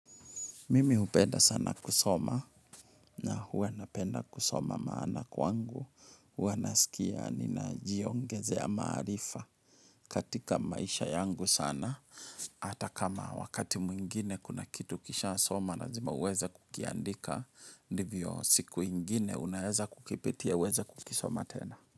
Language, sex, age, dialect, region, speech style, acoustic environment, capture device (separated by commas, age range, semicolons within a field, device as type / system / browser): Swahili, male, 35-44, Kenya - Kiambu, Kenya, focused, nature, mobile / Linux / Chrome